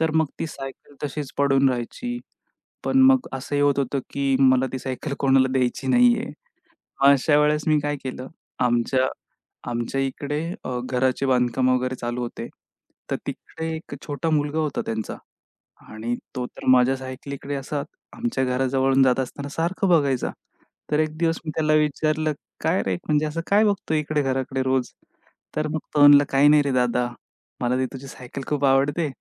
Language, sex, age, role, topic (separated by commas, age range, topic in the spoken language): Marathi, male, 25-29, guest, तुमच्या घरातल्या अनावश्यक वस्तू तुम्ही कशा निवडता आणि त्या काढून टाकता किंवा दान करता?